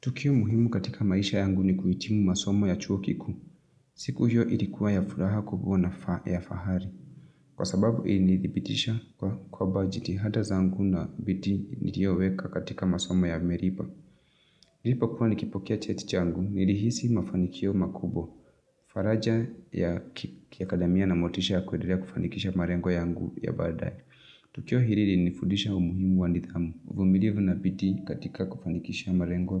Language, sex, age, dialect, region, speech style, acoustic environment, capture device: Swahili, female, 25-34, Kenya - Nairobi Sheng-influenced, Kenya, excited, nature, mobile / Linux / Chrome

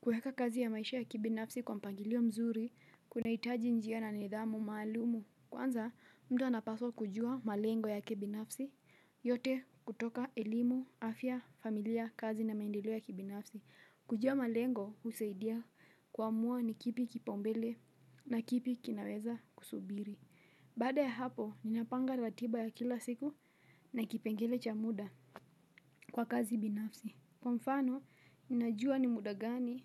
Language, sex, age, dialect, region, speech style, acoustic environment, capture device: Swahili, female, 18-24, Kenya - Mombasa, Kenya, relaxed, silence; nature, mobile / Linux / Chrome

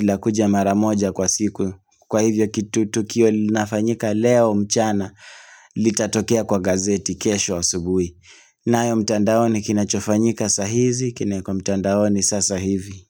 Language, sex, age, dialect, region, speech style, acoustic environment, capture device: Swahili, male, 25-34, Kenya - Nairobi Sheng-influenced, Kenya, happy, silence, mobile / Linux / Chrome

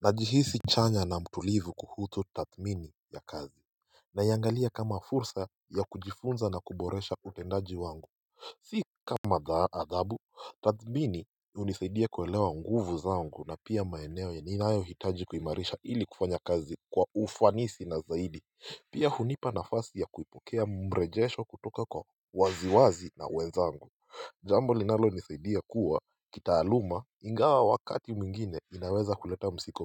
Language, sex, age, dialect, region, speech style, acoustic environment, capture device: Swahili, male, 18-24, Kenya - Mombasa, Kenya, focused, silence, mobile / Linux / Chrome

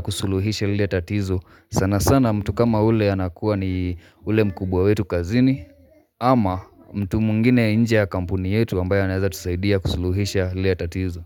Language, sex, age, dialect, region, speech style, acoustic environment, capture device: Swahili, male, 25-34, Kenya - Mombasa, Kenya, relaxed, silence, mobile / Linux / Chrome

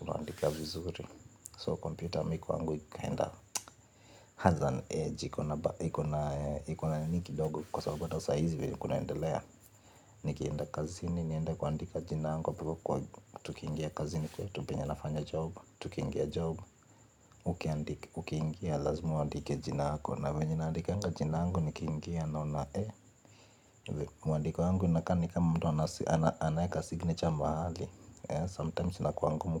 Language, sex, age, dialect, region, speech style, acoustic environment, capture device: Swahili, male, 25-34, Kenya - Nairobi Sheng-influenced, Kenya, relaxed, appliances, mobile / Linux / Chrome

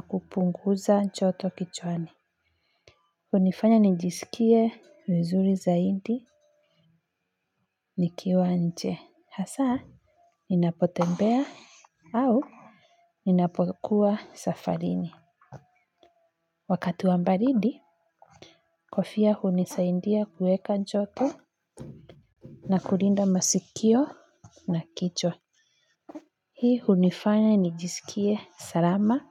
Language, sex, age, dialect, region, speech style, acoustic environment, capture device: Swahili, female, 25-34, Kenya - Nairobi Sheng-influenced, Kenya, relaxed, nature, mobile / Linux / Chrome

